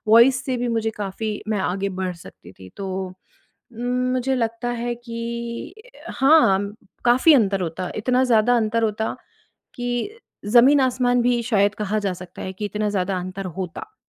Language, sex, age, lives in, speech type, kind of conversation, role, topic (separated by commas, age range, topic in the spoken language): Hindi, female, 35-39, India, conversation, podcast, guest, किसी फैसले पर पछतावा होने के बाद आपने क्या बदला?